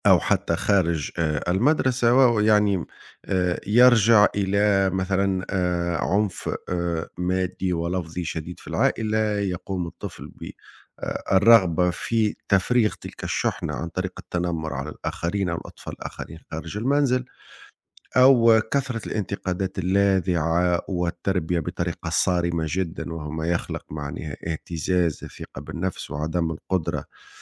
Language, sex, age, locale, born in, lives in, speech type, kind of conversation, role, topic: Arabic, male, 40-44, ar-TN, Tunisia, Italy, conversation, unstructured, none, إزاي الخلافات العائلية بتأثر على علاقاتنا اليومية؟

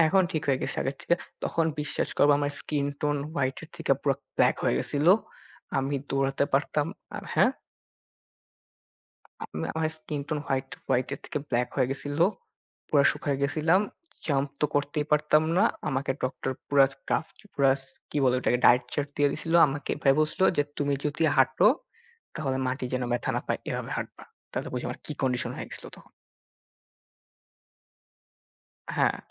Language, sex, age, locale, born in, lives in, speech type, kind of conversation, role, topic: Bengali, male, 18-19, bn-IN, Bangladesh, Bangladesh, conversation, unstructured, none, তোমার শৈশবের সবচেয়ে কষ্টদায়ক স্মৃতি কী?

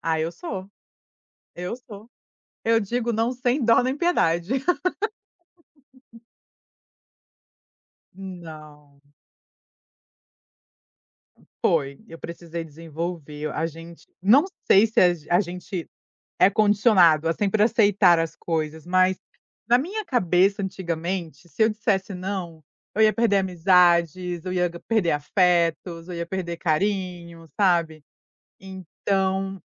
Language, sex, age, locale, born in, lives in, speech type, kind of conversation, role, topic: Portuguese, female, 30-34, pt-BR, Brazil, United States, conversation, podcast, guest, O que você faz quando precisa dizer não?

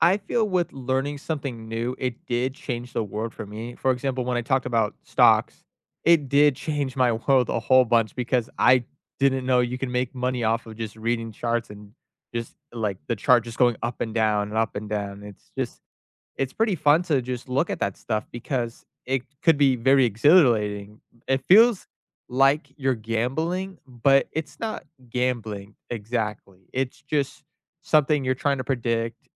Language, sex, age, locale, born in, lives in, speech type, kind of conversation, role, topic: English, male, 20-24, en-US, United States, United States, conversation, unstructured, none, What’s the best thing about learning something new?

